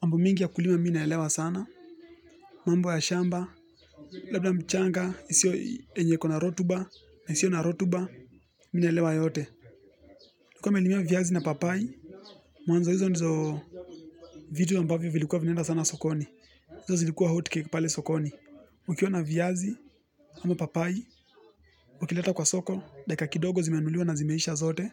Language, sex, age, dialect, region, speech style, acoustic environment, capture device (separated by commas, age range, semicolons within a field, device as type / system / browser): Swahili, male, 18-24, Kenya - Nairobi Sheng-influenced, Kenya, relaxed, music; nature; appliances; construction; people talking, mobile / Linux / Chrome